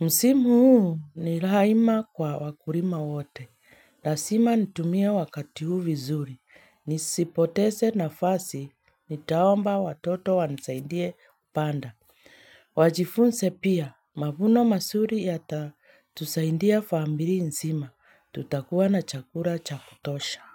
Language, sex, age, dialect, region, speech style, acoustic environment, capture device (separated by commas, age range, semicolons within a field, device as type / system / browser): Swahili, female, 25-34, Kenya - Nairobi Sheng-influenced, Kenya, relaxed; focused, silence; nature, mobile / Linux / Chrome